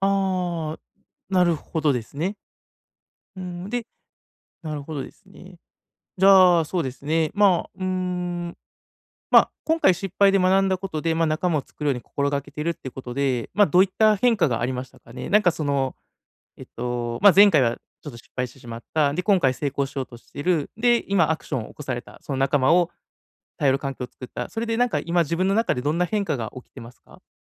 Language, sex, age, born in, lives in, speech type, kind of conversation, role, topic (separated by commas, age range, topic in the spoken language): Japanese, male, 30-34, Japan, Japan, conversation, advice, advisor, 失敗を学びに変えるために、拒絶や否定にどう向き合えばよいですか？